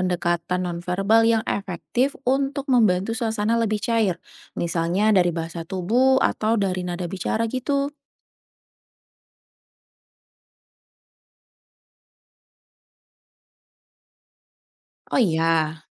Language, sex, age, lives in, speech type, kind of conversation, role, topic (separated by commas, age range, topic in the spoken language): Indonesian, female, 30-34, Indonesia, read, expert-assistant, asker, Bagaimana cara menghadapi pasien yang ragu menjalani pengobatan dari dokter?